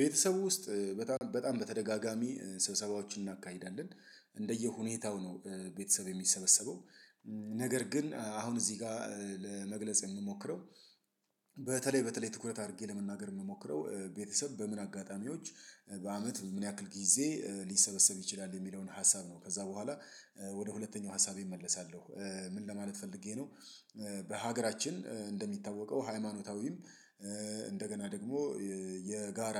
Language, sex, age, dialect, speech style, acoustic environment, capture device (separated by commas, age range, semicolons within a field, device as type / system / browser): Amharic, male, 35-44, Ethiopia - Addis Ababa, excited, silence, mobile / Linux / Chrome